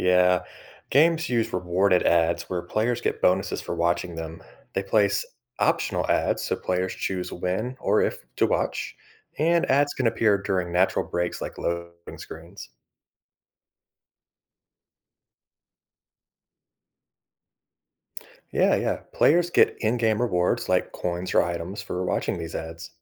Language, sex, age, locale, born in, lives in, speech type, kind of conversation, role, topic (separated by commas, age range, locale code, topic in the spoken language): English, male, 30-34, en-US, United States, United States, read, expert-assistant, expert, How are mobile games monetized effectively?